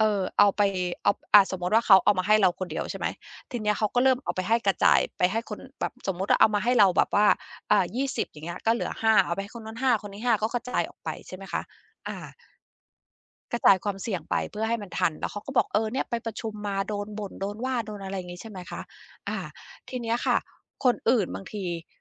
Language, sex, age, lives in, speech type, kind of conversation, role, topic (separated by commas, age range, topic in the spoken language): Thai, female, 50-54, United States, conversation, podcast, guest, เคยต้องปฏิเสธการรับงานเพิ่มไหม และคุณจัดการอย่างไร?